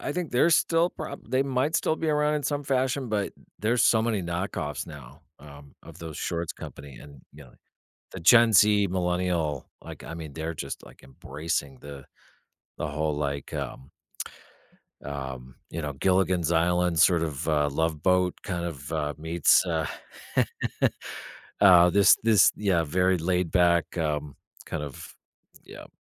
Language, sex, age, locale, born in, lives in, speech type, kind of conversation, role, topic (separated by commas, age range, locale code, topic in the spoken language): English, male, 45-49, en-US, United States, United States, conversation, podcast, guest, In what ways do the colors around us shape our moods and self-expression?